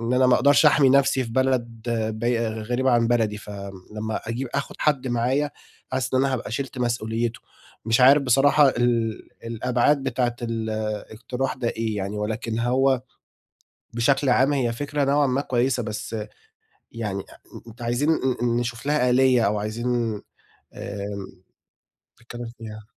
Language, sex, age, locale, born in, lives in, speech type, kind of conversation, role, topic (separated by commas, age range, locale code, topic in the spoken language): Arabic, male, 25-29, ar-EG, Egypt, Egypt, conversation, advice, user, إزاي أتغلب على قلق السفر وأستمتع باستكشاف أماكن جديدة؟